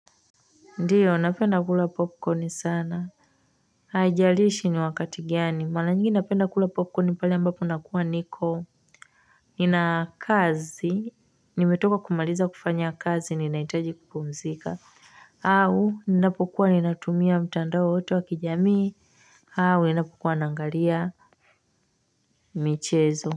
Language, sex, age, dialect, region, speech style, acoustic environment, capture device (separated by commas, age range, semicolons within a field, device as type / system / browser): Swahili, female, 25-34, Tanzania - Dar es Salaam, Tanzania, relaxed, silence; nature, mobile / Linux / Chrome